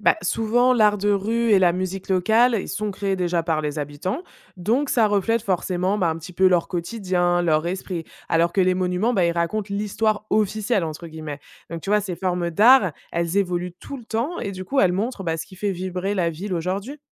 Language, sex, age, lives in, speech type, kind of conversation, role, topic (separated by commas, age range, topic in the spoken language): French, female, 25-29, France, read, expert-assistant, expert, Quels sont les choix à faire pour voyager de manière responsable sans sacrifier le plaisir et la découverte ?